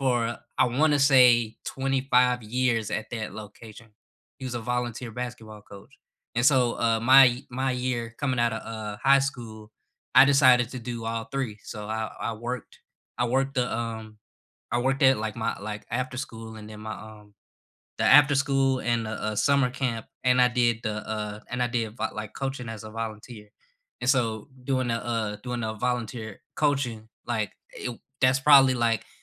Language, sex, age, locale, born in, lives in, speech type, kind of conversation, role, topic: English, male, 25-29, en-US, United States, United States, conversation, unstructured, none, What are you currently learning just for fun?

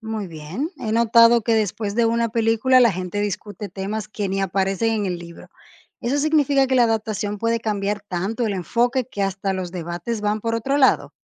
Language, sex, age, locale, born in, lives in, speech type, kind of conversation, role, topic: Spanish, female, 30-34, es-DO, Dominican Republic, Portugal, read, expert-assistant, asker, ¿Qué pasaría si los libros clásicos se reescribieran con finales diferentes? ¿Cómo cambiaría nuestra opinión sobre ellos?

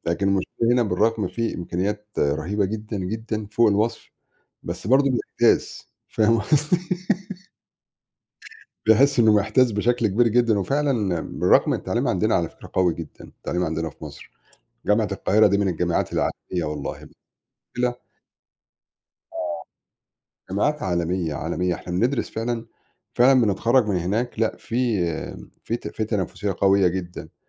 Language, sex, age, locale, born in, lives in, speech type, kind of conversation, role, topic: Arabic, male, 40-44, ar-EG, Egypt, Portugal, conversation, unstructured, none, إزاي اكتشافات الطب بتأثر على حياتنا اليومية؟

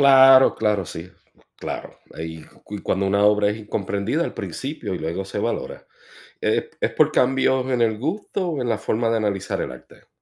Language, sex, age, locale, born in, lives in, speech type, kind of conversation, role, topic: Spanish, male, 45-49, es-US, Puerto Rico, United States, read, expert-assistant, asker, ¿Qué factores determinan el valor y la evolución de una obra de arte?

